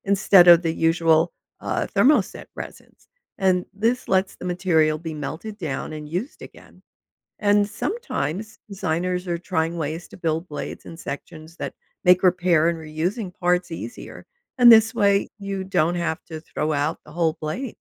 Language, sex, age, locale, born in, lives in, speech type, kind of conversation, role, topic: English, female, 70-74, en-US, United States, United States, read, expert-assistant, expert, How do wind turbines work and what happens to their parts over time?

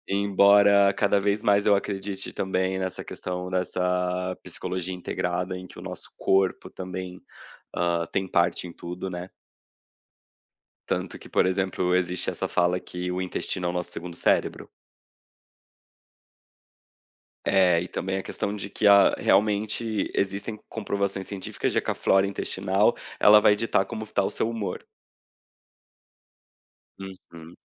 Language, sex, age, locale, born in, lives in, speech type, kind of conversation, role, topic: Portuguese, male, 30-34, pt-BR, Brazil, Portugal, conversation, podcast, guest, O que pesa mais nas suas escolhas: o coração ou a cabeça?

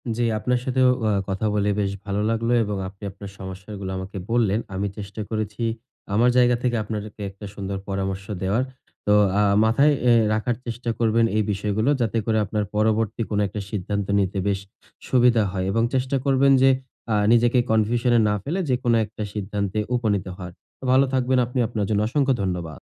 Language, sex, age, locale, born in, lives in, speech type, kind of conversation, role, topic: Bengali, male, 20-24, bn-BD, Bangladesh, Bangladesh, conversation, advice, advisor, বেশি বিকল্প থাকলে আমি কেন সিদ্ধান্ত নিতে আটকে যাই?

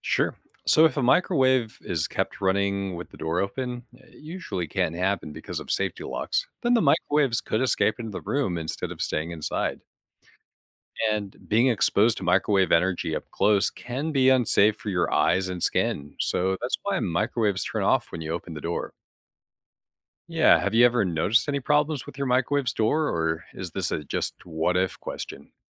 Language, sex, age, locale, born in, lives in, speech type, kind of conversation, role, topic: English, male, 35-39, en-US, United States, United States, read, expert-assistant, expert, How does a microwave oven work and what affects how it heats food?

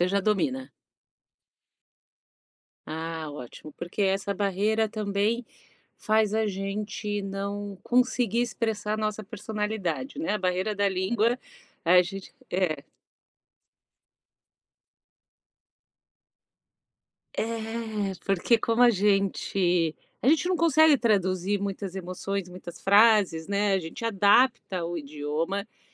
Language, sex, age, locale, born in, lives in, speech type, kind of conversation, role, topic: Portuguese, female, 45-49, pt-BR, Brazil, United States, conversation, advice, advisor, Como você tem lidado com a solidão depois de se mudar para uma cidade nova sem uma rede de apoio?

